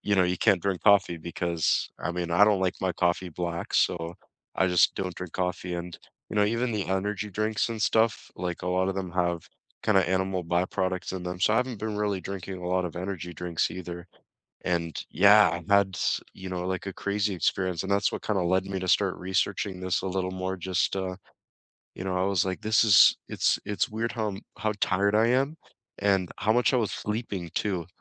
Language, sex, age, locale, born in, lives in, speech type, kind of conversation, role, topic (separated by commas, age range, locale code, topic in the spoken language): English, male, 20-24, en-CA, Canada, Canada, conversation, unstructured, none, How do you balance your budget, time, and fun when planning a trip?